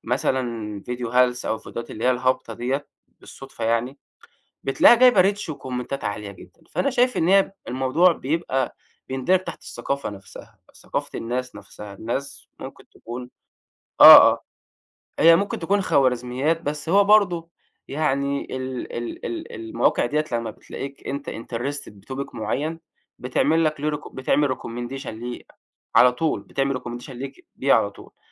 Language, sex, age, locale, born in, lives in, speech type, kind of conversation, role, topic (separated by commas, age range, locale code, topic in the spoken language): Arabic, male, 25-29, ar-EG, Egypt, Egypt, conversation, podcast, guest, ليه بننجذب للميمز والفيديوهات القصيرة؟